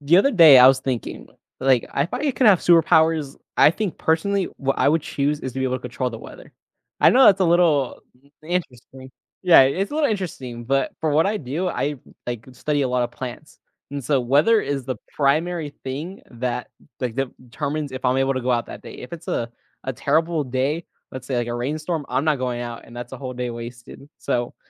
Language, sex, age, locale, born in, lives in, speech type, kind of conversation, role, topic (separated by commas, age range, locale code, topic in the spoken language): English, male, 20-24, en-US, United States, United States, conversation, unstructured, none, Would you rather be able to control the weather or control time?